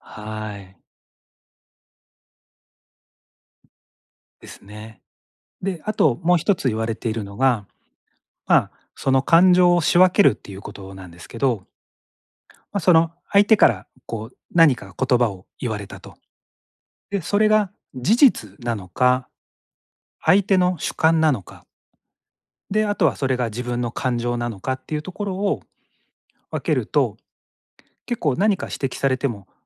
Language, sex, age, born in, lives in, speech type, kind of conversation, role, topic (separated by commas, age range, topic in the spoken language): Japanese, male, 45-49, Japan, Japan, conversation, advice, advisor, 批判を受けたときに感情的にならないにはどうすればいいですか？